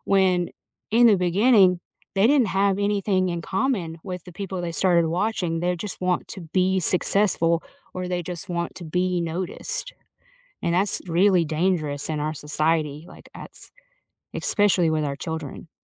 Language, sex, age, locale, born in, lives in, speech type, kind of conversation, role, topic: English, female, 35-39, en-US, United States, United States, conversation, unstructured, none, How does technology shape your sense of access and belonging in everyday life?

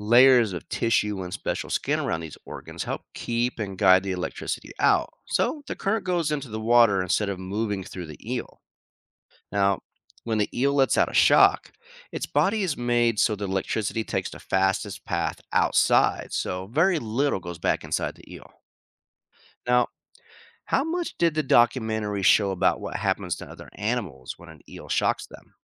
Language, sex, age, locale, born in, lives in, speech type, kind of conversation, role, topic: English, male, 45-49, en-US, United States, United States, read, expert-assistant, expert, How do electric eels generate electricity?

